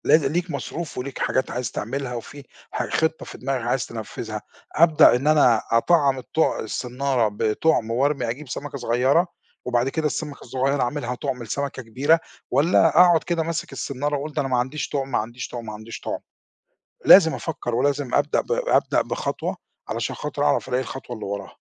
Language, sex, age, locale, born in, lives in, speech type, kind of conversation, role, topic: Arabic, male, 50-54, ar-EG, Egypt, Portugal, conversation, podcast, guest, إزاي قدرت تتجاوز فترة إحباط أو احتراق في الشغل؟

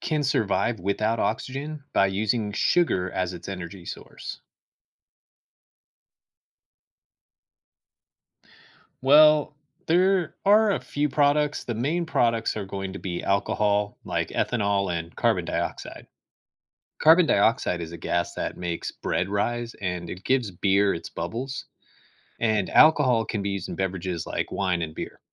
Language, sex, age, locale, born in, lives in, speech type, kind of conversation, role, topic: English, male, 35-39, en-US, United States, United States, read, expert-assistant, expert, What is the process of fermentation in yeast?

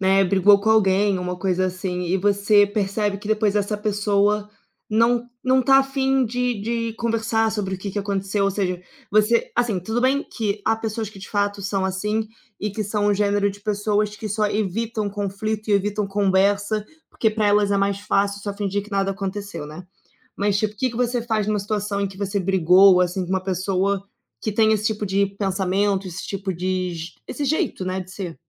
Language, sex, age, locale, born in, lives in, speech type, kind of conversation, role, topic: Portuguese, female, 25-29, pt-BR, Brazil, Portugal, conversation, unstructured, none, Como você costuma resolver desentendimentos com amigos?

